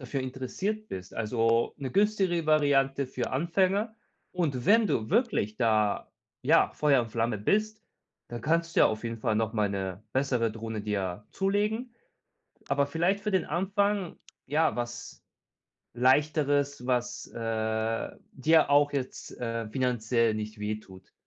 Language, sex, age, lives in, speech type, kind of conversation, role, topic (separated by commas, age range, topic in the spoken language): German, male, 30-34, Germany, conversation, advice, advisor, Wie kann ich Impulskäufe besser erkennen und kontrollieren?